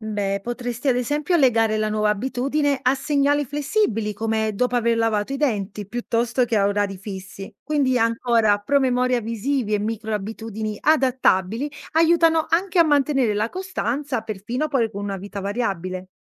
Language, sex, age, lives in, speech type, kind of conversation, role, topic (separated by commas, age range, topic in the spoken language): Italian, female, 30-34, Italy, read, expert-assistant, expert, Perché a volte ripetiamo le stesse azioni senza pensarci? Cosa significa in psicologia comportamentale?